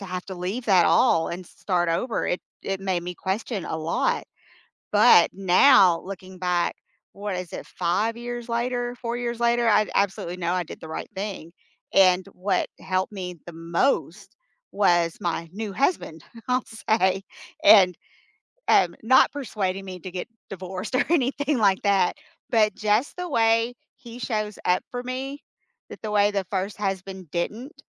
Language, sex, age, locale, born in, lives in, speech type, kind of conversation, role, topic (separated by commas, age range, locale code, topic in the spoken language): English, female, 50-54, en-US, United States, United States, conversation, unstructured, none, What’s a moment that changed how you see life?